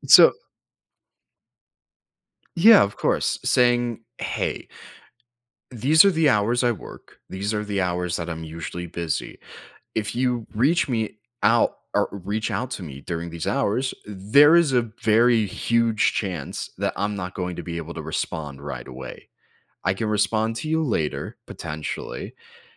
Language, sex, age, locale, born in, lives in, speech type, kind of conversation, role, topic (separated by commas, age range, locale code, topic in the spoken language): English, male, 20-24, en-US, United States, United States, conversation, advice, advisor, How can I say no to extra requests without feeling guilty or hurting others?